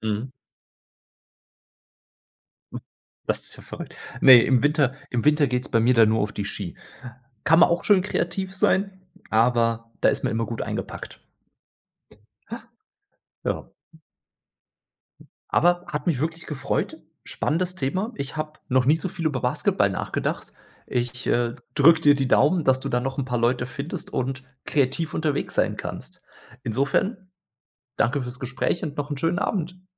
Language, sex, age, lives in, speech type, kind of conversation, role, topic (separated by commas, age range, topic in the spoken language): German, male, 30-34, Germany, conversation, podcast, host, Wie spielst du kreativ, und was gehört für dich dazu?